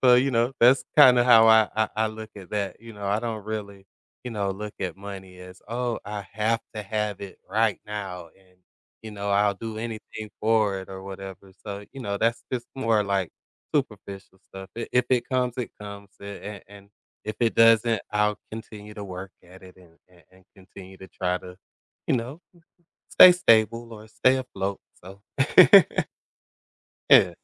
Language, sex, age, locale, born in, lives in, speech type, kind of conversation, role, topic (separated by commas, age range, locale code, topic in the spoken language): English, male, 40-44, en-US, United States, United States, conversation, podcast, guest, How do your values and experiences shape your idea of success?